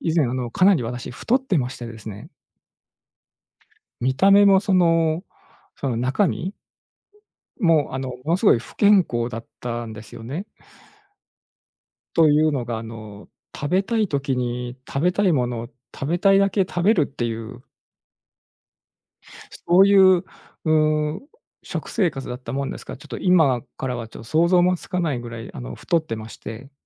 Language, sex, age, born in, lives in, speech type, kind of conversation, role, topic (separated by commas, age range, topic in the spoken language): Japanese, male, 45-49, Japan, Japan, conversation, podcast, guest, 日々の生活で役に立っている習慣は何ですか？